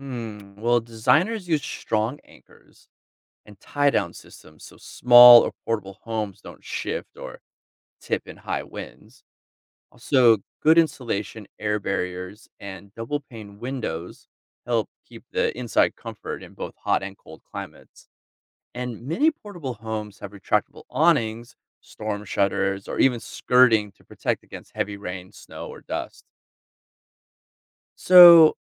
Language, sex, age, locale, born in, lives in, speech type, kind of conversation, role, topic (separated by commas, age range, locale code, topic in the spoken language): English, male, 40-44, en-US, United States, United States, read, expert-assistant, expert, How do people design homes suited to their environment?